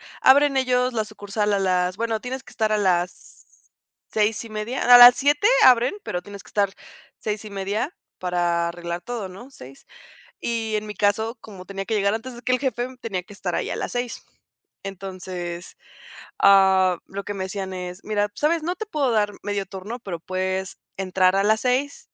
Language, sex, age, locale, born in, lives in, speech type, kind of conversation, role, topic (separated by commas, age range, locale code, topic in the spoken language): Spanish, female, 20-24, es-MX, Mexico, Mexico, conversation, podcast, guest, ¿Qué aprendiste en tu primer trabajo?